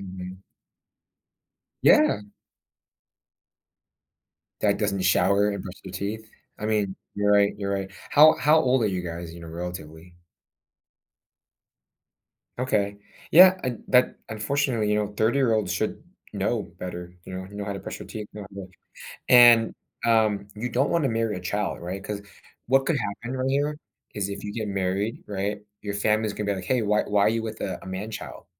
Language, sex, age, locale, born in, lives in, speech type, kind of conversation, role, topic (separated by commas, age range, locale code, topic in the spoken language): English, male, 30-34, en-US, United States, United States, conversation, advice, advisor, How do I talk to my partner about a habit that makes me feel disgusted?